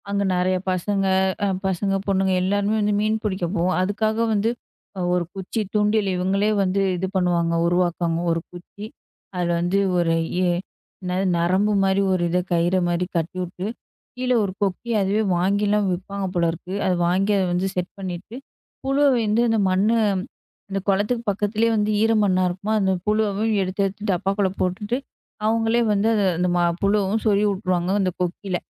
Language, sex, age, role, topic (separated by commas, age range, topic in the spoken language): Tamil, female, 25-29, guest, பழைய ஊர்ப்புற விளையாட்டுகளைப் பற்றிய உங்கள் நினைவுகள் என்னென்ன?